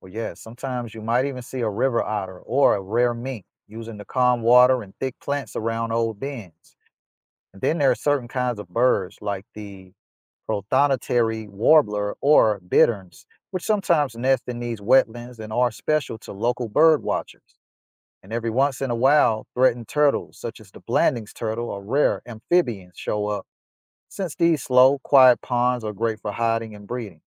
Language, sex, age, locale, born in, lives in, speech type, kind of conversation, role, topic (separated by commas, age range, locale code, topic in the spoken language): English, male, 35-39, en-US, United States, United States, read, expert-assistant, expert, Why do rivers change their course over time?